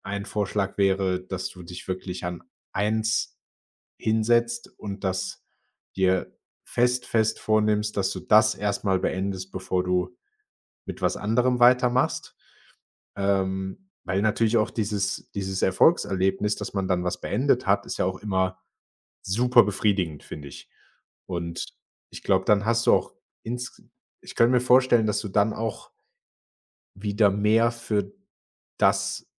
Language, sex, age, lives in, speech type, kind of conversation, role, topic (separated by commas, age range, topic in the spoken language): German, male, 25-29, Germany, conversation, advice, advisor, Wie kann ich meine vielen kreativen Projekte priorisieren?